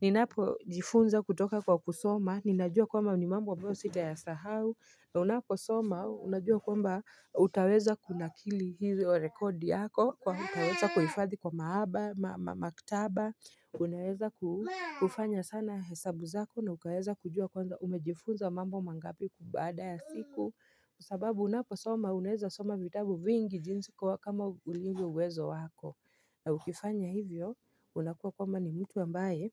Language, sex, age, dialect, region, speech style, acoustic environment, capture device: Swahili, female, 25-34, Kenya - Nairobi Sheng-influenced, Kenya, focused; relaxed, silence, mobile / Linux / Chrome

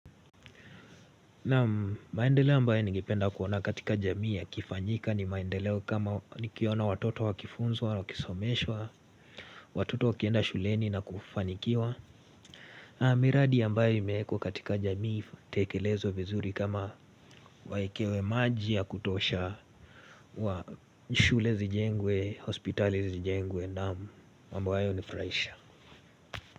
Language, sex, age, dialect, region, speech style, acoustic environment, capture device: Swahili, male, 18-24, Kenya - Nairobi Sheng-influenced, Kenya, relaxed; focused, silence, mobile / Linux / Chrome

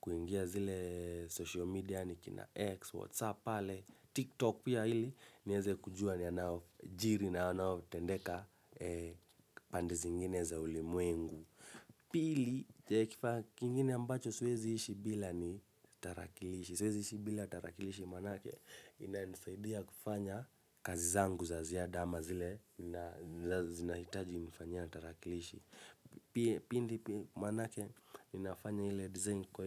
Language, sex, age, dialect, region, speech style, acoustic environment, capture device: Swahili, male, 25-34, Kenya - Nairobi Sheng-influenced, Kenya, relaxed, silence, mobile / Linux / Chrome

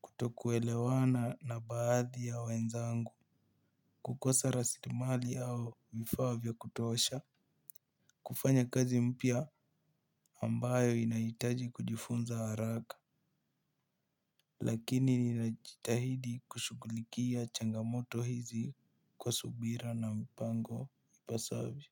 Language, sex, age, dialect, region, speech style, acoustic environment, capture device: Swahili, male, 18-24, Kenya - Nairobi Sheng-influenced, Kenya, excited, nature, mobile / Linux / Chrome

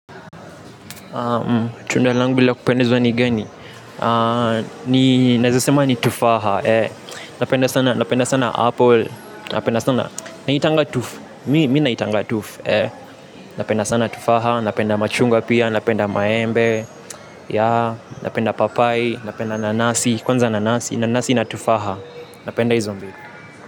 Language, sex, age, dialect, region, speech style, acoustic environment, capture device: Swahili, male, 18-24, Kenya - Nairobi Sheng-influenced, Kenya, happy; relaxed, silence, mobile / Linux / Chrome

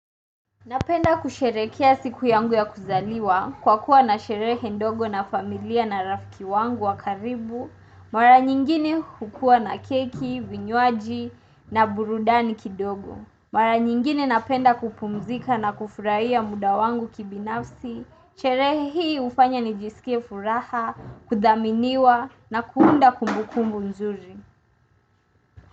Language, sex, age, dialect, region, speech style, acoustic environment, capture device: Swahili, female, 18-24, Kenya - Mombasa, Kenya, relaxed, silence, desktop / Windows / Chrome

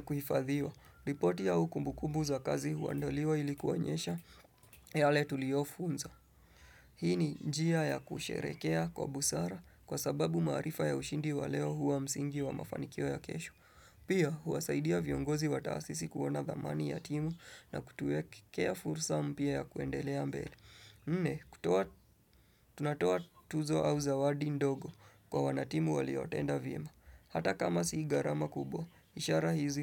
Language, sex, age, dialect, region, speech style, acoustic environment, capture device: Swahili, male, 25-34, Kenya - Nairobi Sheng-influenced, Kenya, nervous, people talking; music; appliances, desktop / Windows / Chrome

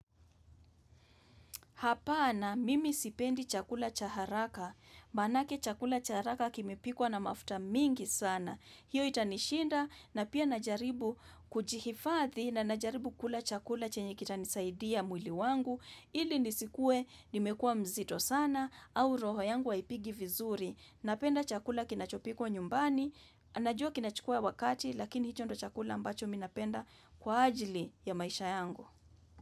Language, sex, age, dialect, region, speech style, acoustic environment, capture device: Swahili, female, 25-34, Kenya - Nairobi Sheng-influenced, Kenya, relaxed, silence, desktop / macOS / Chrome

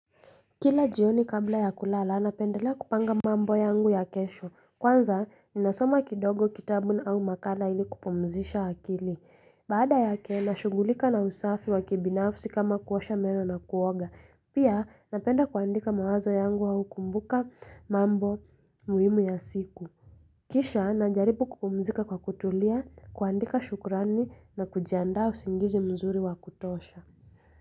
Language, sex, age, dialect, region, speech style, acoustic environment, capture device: Swahili, female, 25-34, Kenya - Nairobi Sheng-influenced, Kenya, relaxed, silence; music; appliances, mobile / Linux / Chrome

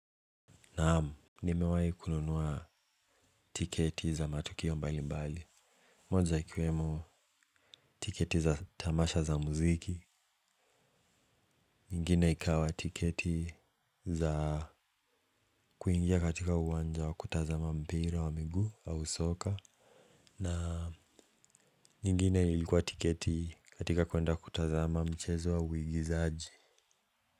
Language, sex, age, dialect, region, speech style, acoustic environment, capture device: Swahili, male, 18-24, Kenya - Mombasa, Kenya, relaxed, nature; appliances, mobile / Linux / Chrome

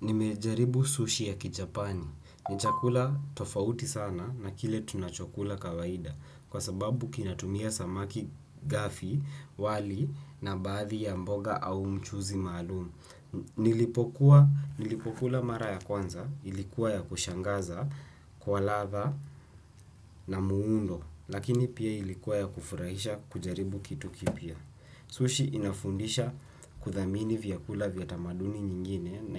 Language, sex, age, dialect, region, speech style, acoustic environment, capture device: Swahili, male, 18-24, Kenya - Nairobi Sheng-influenced, Kenya, anxious; tired, silence, desktop / Windows / Chrome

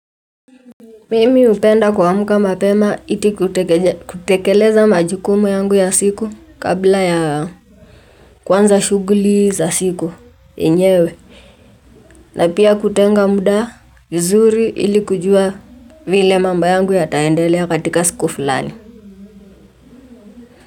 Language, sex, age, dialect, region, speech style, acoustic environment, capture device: Swahili, female, 18-24, Kenya - Nairobi Sheng-influenced, Kenya, relaxed, nature, mobile / Linux / Chrome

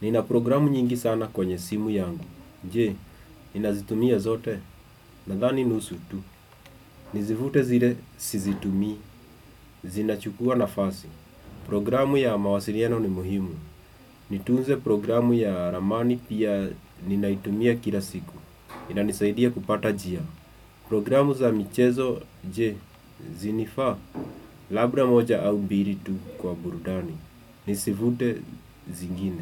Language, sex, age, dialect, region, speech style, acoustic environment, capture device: Swahili, male, 25-34, Kenya - Nairobi Sheng-influenced, Kenya, relaxed, nature, desktop / Windows / Chrome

